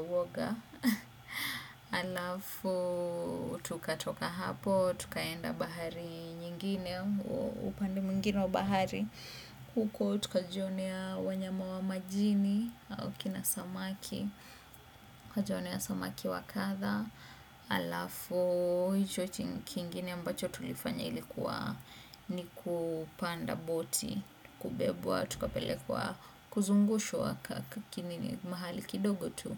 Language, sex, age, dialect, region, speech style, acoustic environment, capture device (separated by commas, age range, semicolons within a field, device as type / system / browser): Swahili, female, 25-34, Kenya - Nairobi Sheng-influenced, Kenya, focused, appliances; silence, desktop / Windows / Chrome